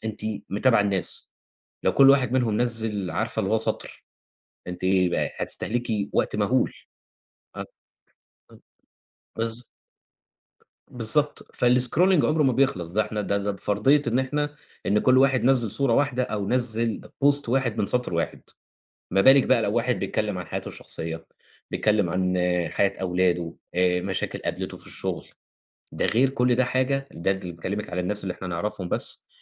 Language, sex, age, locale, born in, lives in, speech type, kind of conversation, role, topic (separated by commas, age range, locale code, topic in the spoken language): Arabic, male, 30-34, ar-EG, Egypt, Egypt, conversation, podcast, guest, إيه استراتيجيتك عشان تتجنب التصفح العشوائي قبل النوم؟